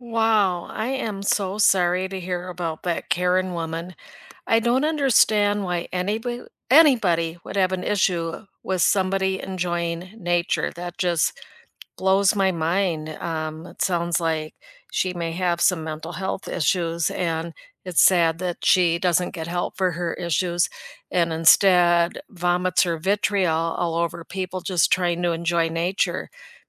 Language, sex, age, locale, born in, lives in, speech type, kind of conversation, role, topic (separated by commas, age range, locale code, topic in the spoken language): English, female, 65-69, en-US, United States, United States, conversation, unstructured, none, Which place in your neighborhood feels most special, and why?